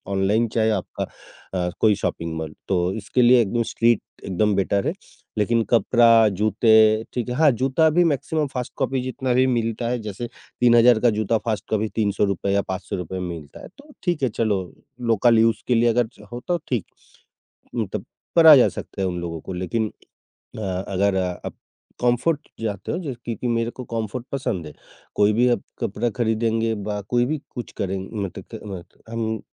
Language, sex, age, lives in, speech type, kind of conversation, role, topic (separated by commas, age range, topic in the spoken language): Hindi, male, 55-59, India, conversation, podcast, guest, Budget tight ho, toh style ko naya look dena kahaan se shuru karoge?